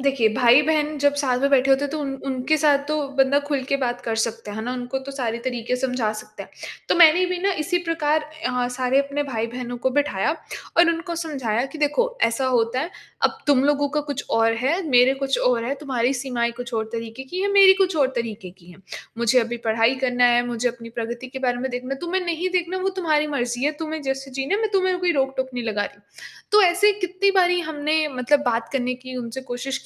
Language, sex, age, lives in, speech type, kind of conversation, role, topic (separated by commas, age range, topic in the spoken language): Hindi, female, 25-29, India, conversation, advice, user, मैं पारिवारिक सीमाएँ स्पष्ट और स्थिर कैसे बनाए रखूँ?